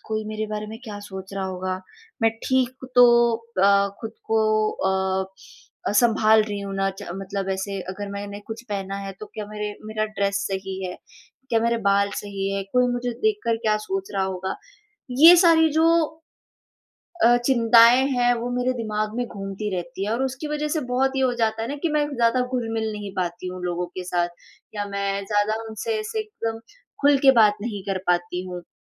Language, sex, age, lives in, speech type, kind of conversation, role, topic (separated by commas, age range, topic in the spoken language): Hindi, female, 20-24, India, conversation, advice, user, समारोहों में सामाजिक दबाव और चिंता